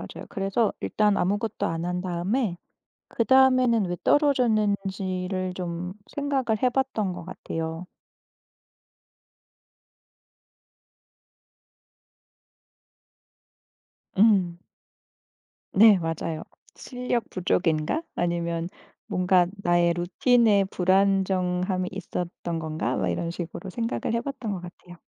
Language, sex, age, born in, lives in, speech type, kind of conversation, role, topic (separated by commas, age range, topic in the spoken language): Korean, female, 35-39, South Korea, Germany, conversation, podcast, guest, 시험에 떨어진 뒤에는 어떻게 다시 도전하셨나요?